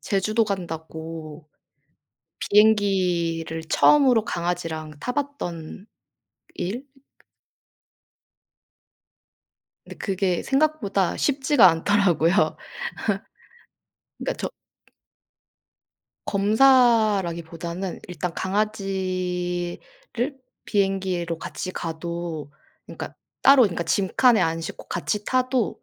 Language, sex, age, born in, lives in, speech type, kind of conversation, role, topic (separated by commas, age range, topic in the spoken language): Korean, female, 25-29, South Korea, South Korea, conversation, unstructured, none, 동물을 키우면서 겪은 재미있는 에피소드가 있나요?